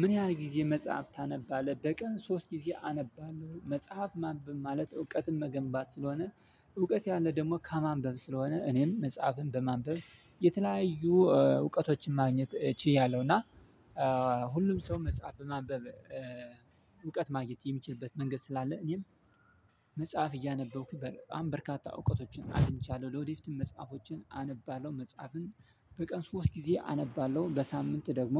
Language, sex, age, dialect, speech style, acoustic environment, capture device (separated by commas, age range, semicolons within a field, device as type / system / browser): Amharic, male, 25-34, Ethiopia - Addis Ababa, happy, silence, mobile / Linux / Chrome